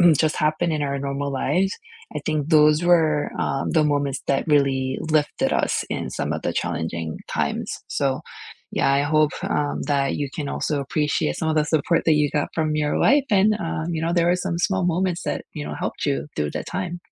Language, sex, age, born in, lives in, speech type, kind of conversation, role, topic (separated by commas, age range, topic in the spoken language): English, female, 40-44, South Korea, United States, conversation, unstructured, none, What big or small moments made you feel grateful this week, and why did they matter to you?